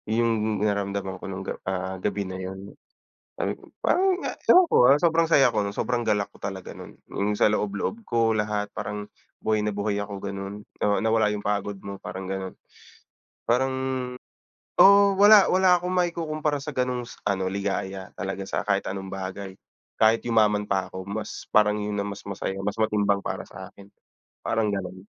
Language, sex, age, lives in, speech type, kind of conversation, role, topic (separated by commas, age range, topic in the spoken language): Filipino, male, 30-34, Philippines, conversation, unstructured, none, Ano ang isang simpleng karanasan na hinding-hindi mo malilimutan?